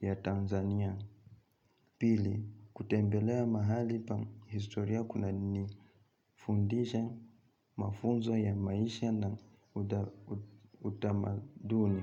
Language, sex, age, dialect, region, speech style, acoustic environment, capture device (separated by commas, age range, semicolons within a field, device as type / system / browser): Swahili, male, 25-34, Kenya - Nairobi Sheng-influenced, Kenya, relaxed, nature; appliances, mobile / Linux / Chrome